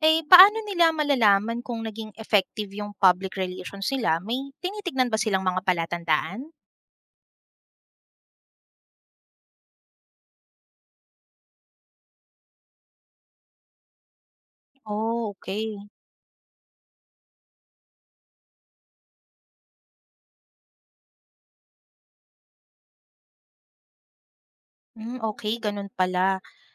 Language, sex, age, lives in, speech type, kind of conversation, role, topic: Filipino, female, 30-34, Philippines, read, expert-assistant, asker, Paano mo ipapaliwanag ang public relations sa isang kaibigan na hindi nagtatrabaho sa media o negosyo?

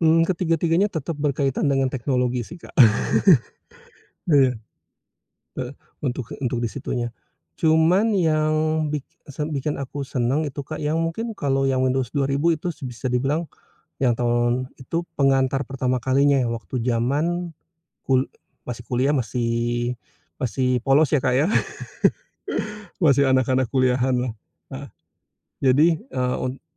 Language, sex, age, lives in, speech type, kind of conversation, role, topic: Indonesian, male, 45-49, Indonesia, conversation, podcast, guest, Pekerjaan apa yang pernah membuat kamu merasa benar-benar puas?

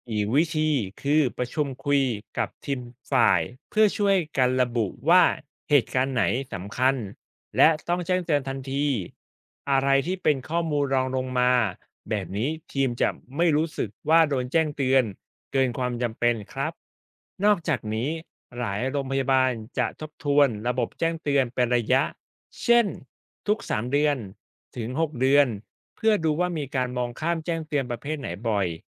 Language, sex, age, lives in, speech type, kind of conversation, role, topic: Thai, male, 35-39, Thailand, read, expert-assistant, expert, มีวิธีไหนช่วยให้ทีมแพทย์กับพยาบาลสื่อสารข้อมูลผู้ป่วยได้มีประสิทธิภาพมากขึ้นไหม?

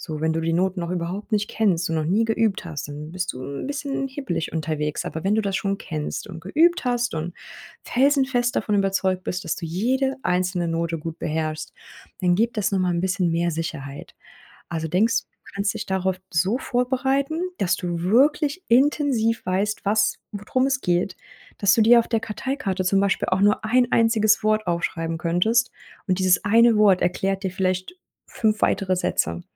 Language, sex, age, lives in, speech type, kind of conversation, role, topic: German, female, 30-34, Germany, conversation, advice, advisor, Warum habe ich trotz guter Vorbereitung Angst, vor anderen zu sprechen?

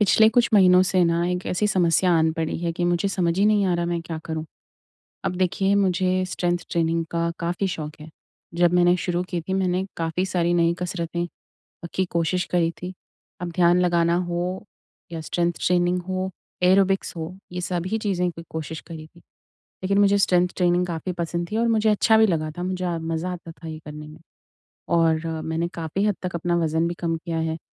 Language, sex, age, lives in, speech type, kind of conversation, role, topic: Hindi, female, 25-29, India, conversation, advice, user, मैं ट्रेनिंग में लगातार प्रदर्शन की कमी को कैसे दूर करूँ?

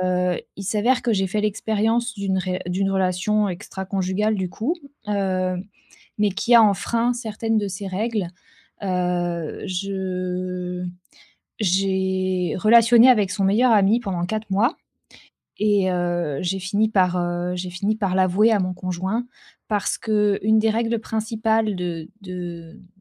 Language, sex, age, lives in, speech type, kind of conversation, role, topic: French, female, 30-34, France, conversation, advice, user, Comment surmonter la douleur d’une rupture récente quand on n’arrive plus à dormir ?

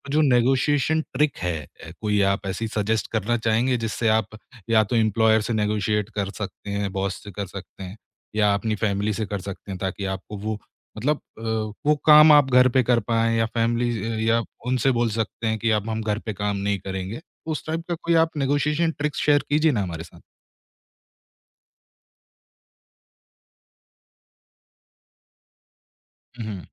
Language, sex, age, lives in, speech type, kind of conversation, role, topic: Hindi, male, 25-29, India, conversation, podcast, host, Office aur ghar ki boundaries set karne ke liye tumhari ek practical tip kya hai?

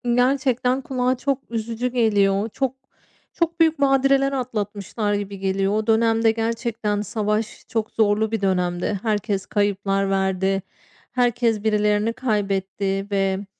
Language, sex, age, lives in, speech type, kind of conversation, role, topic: Turkish, female, 35-39, Spain, conversation, podcast, host, Köklerinle ilgili öğrendiğin en şaşırtıcı şey neydi?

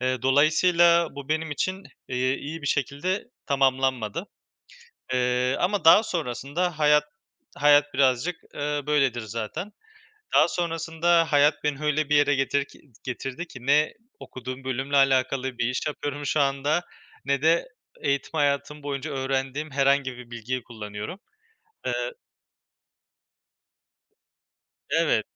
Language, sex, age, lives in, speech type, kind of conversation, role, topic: Turkish, male, 25-29, Spain, conversation, unstructured, none, Gelecekte hangi mesleği yapmak istersin ve hayallerindeki hayata ulaşmak için önümüzdeki beş yıl içinde neler yapmayı planlıyorsun?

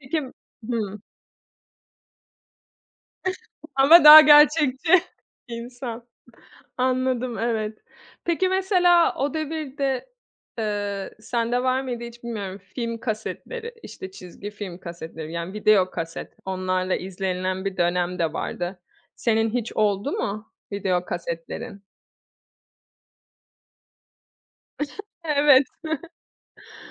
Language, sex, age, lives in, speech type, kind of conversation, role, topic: Turkish, female, 30-34, Italy, conversation, podcast, host, Çocukken izlediğin en sevdiğin çizgi filmi anlatır mısın?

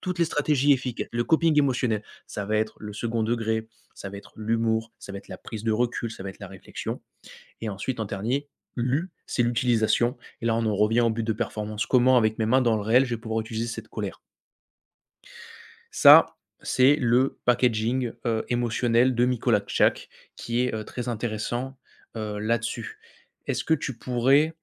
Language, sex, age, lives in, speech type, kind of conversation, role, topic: French, male, 25-29, France, conversation, advice, advisor, Comment des sautes d’humeur imprévisibles affectent-elles votre travail et vos relations ?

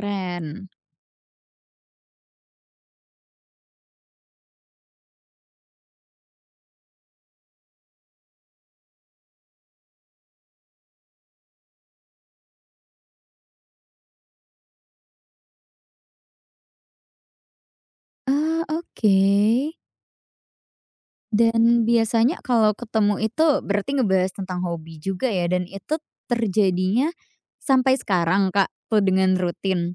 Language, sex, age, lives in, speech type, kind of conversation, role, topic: Indonesian, female, 20-24, Indonesia, conversation, podcast, host, Bagaimana cara menyeimbangkan pekerjaan dan waktu untuk hobi?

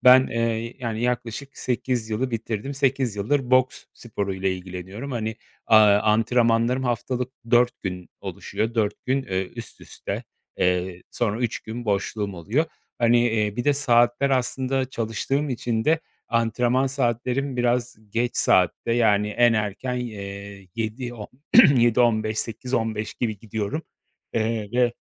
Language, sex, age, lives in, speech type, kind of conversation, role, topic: Turkish, male, 35-39, Poland, conversation, advice, user, Düzenli bir uyku düzeni oluşturmakta neden zorlanıyorum?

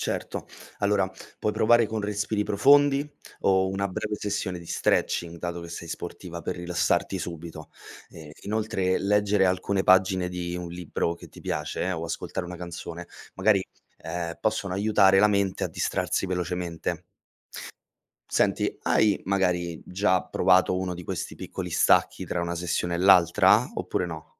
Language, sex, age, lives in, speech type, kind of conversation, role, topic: Italian, male, 25-29, Italy, read, expert-assistant, expert, Come si può mantenere un equilibrio tra studio e tempo libero?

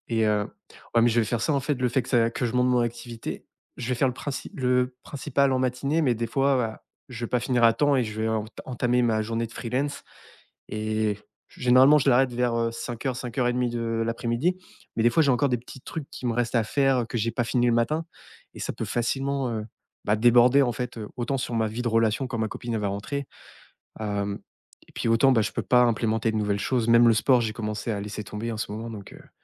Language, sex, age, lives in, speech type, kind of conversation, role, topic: French, male, 30-34, France, conversation, advice, user, Peur de s'engager dans un nouveau hobby par manque de temps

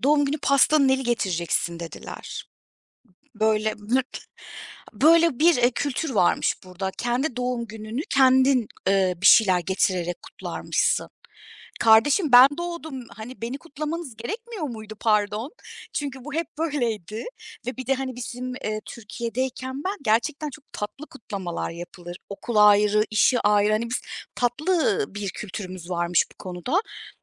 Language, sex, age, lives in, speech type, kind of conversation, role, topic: Turkish, female, 35-39, Germany, conversation, podcast, guest, Yeni bir kültüre alışırken en sık hangi zorluklarla karşılaşılır?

